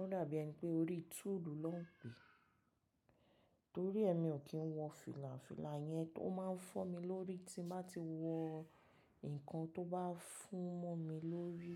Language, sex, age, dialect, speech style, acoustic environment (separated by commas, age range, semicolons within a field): Yoruba, female, 35-44, Nigeria - Lagos Yoruba, happy; relaxed; excited; focused, silence